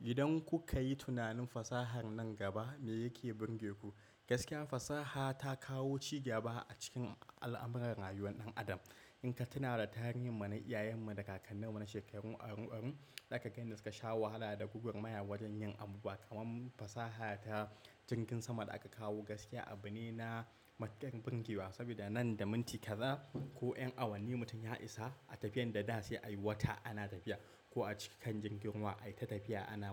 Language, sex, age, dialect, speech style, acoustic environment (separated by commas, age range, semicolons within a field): Hausa, male, 25-34, Nigeria - Kano, happy, nature; silence